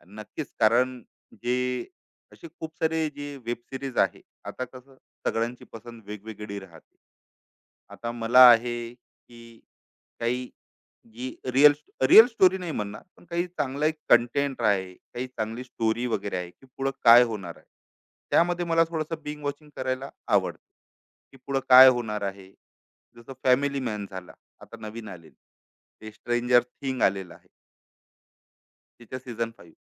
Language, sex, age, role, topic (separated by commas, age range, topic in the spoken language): Marathi, male, 35-39, guest, तुम्हाला मालिका सलग एकामागून एक पाहायला आवडते की ठराविक अंतराने भागांमध्ये पाहायला?